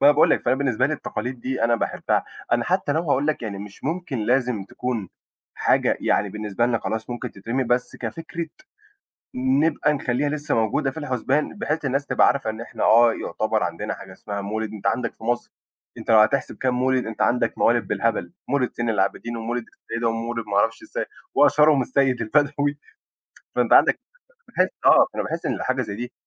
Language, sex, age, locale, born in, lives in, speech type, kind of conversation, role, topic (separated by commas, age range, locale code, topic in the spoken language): Arabic, male, 20-24, ar-EG, Egypt, Egypt, conversation, unstructured, none, إيه أهم تقليد ثقافي بتحبه في بلدك؟